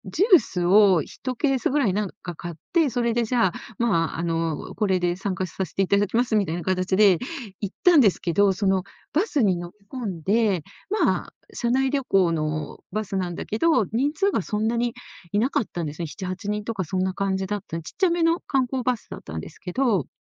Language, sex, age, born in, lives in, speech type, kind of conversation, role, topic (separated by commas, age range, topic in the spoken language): Japanese, female, 60-64, Japan, Japan, conversation, podcast, guest, 最悪の旅だったのに、結果的に良い思い出になったことはありますか？